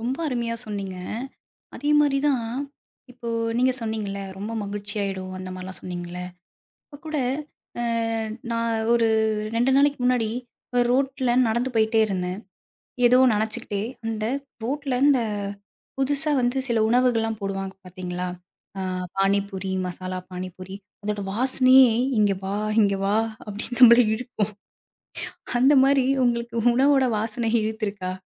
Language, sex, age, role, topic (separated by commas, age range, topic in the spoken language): Tamil, female, 25-29, host, உங்களுக்கு மனதைத் தணிக்கும் உணவு எது?